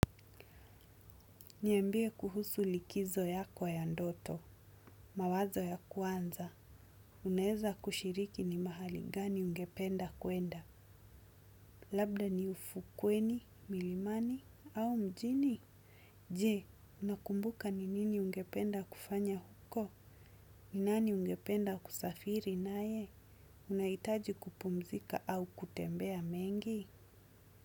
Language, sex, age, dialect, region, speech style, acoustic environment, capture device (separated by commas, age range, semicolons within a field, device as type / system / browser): Swahili, female, 25-34, Kenya - Mombasa, Kenya, focused, silence, desktop / Windows / Chrome